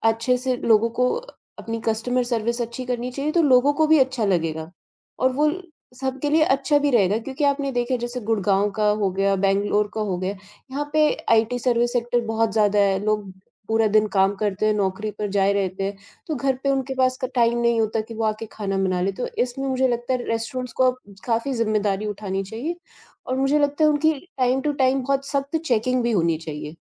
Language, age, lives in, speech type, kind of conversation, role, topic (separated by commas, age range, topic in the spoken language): Hindi, 45-49, India, conversation, unstructured, none, क्या आपको लगता है कि बाहर का खाना अक्सर गंदा होता है?